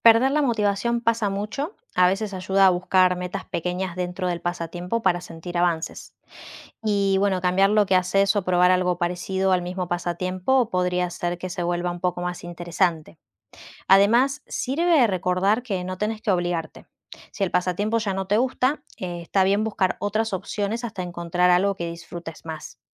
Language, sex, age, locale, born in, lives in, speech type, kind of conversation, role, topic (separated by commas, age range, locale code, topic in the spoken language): Spanish, female, 35-39, es-AR, Argentina, Netherlands, read, expert-assistant, expert, ¿Cómo pueden los pasatiempos ayudar a reducir el estrés?